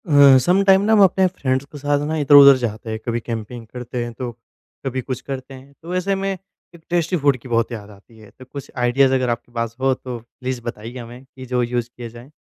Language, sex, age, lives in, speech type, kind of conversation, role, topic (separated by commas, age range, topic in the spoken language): Hindi, male, 20-24, India, conversation, podcast, host, Camping ke liye simple aur tasty khane ke kaunse ideas aap suggest karenge?